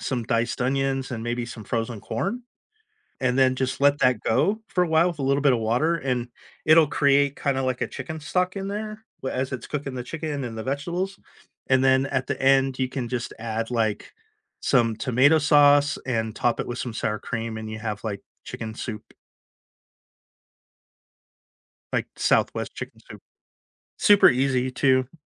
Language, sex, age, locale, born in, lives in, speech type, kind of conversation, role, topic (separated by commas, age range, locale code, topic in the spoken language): English, male, 50-54, en-US, United States, United States, conversation, unstructured, none, What is a common cooking mistake people often don’t realize they’re making?